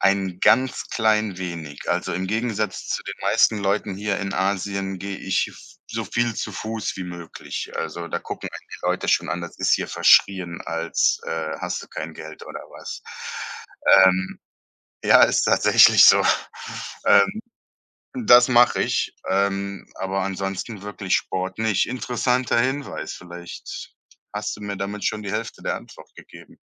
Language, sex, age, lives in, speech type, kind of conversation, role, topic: German, male, 35-39, Germany, conversation, advice, user, Was kann ich tun, wenn ich mir Sorgen um die körperliche und geistige Gesundheit meiner alten Eltern mache?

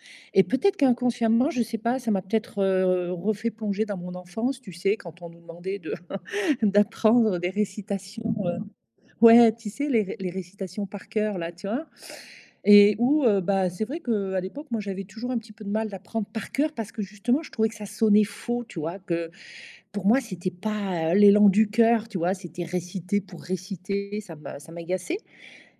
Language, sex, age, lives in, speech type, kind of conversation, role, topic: French, female, 55-59, Portugal, conversation, advice, user, Comment la procrastination t’empêche-t-elle d’avancer vers un objectif important ?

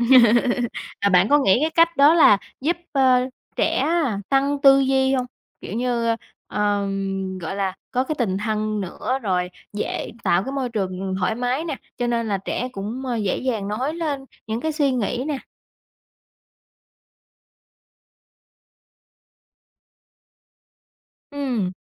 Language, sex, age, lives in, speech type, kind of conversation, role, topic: Vietnamese, female, 20-24, Vietnam, conversation, podcast, host, Vai trò của phụ huynh trong giáo dục ngày nay nên như thế nào?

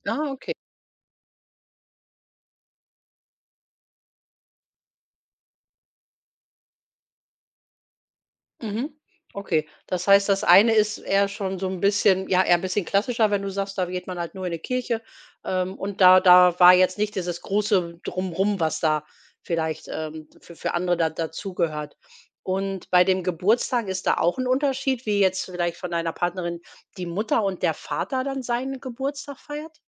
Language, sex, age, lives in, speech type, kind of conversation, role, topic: German, female, 45-49, Germany, conversation, podcast, host, Wie feiert ihr bei euch besondere Anlässe wie Hochzeiten oder Geburtstage?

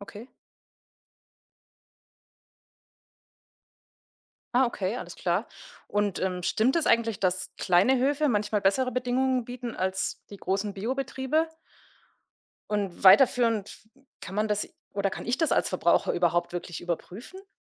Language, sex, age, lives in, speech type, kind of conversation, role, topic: German, female, 30-34, Germany, read, expert-assistant, asker, Was versteht man genau unter „artgerechter Tierhaltung“, und warum gibt es so unterschiedliche Ansichten dazu?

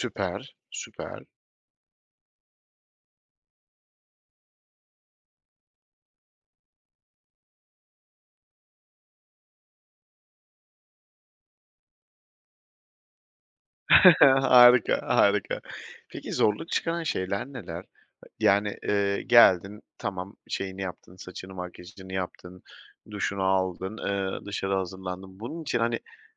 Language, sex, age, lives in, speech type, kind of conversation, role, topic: Turkish, male, 30-34, Poland, conversation, podcast, host, Akşam eve geldiğinde kendini nasıl dinlendirirsin?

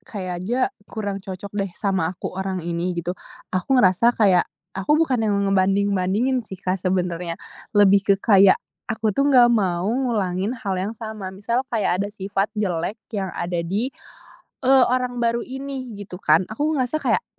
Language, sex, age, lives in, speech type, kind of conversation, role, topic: Indonesian, female, 20-24, Indonesia, conversation, podcast, guest, Bagaimana kamu bangkit saat merasa kehilangan arah?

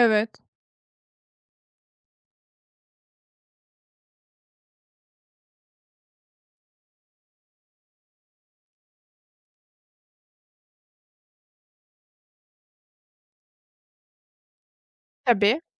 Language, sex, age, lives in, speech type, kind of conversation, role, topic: Turkish, female, 30-34, Germany, conversation, advice, user, Enerji düşüklüğü ve yorgunluk günlük yaşamımı etkiliyorsa ne yapmalıyım?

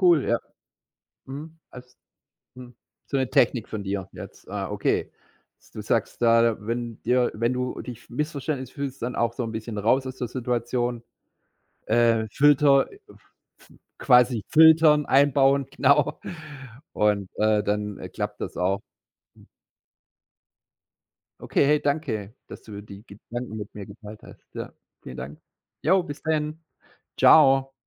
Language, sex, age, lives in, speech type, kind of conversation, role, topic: German, male, 45-49, Germany, conversation, podcast, host, Welche Rolle spielt Zuhören bei Missverständnissen?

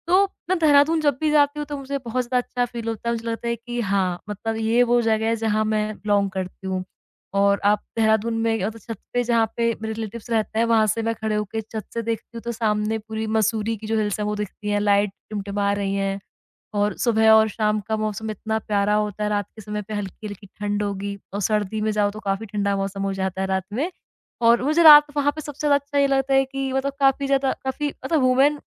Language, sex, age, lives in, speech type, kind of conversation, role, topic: Hindi, female, 25-29, India, conversation, podcast, guest, Kis pal tumhe laga ki main yahan ka hissa hoon?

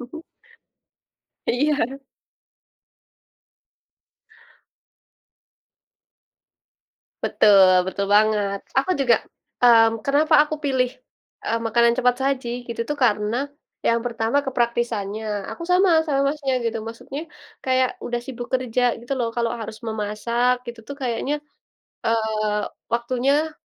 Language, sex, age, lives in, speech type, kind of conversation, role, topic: Indonesian, female, 20-24, Indonesia, conversation, unstructured, none, Apakah makanan cepat saji layak dijadikan pilihan utama?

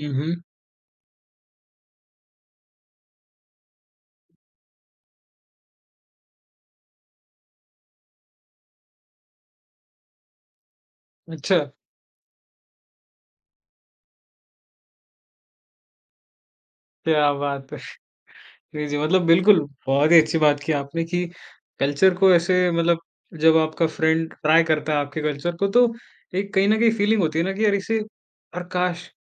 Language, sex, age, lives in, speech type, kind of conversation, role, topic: Hindi, male, 20-24, India, conversation, podcast, host, Tum apne doston ko apne culture ka khaana kaise chakhate ho?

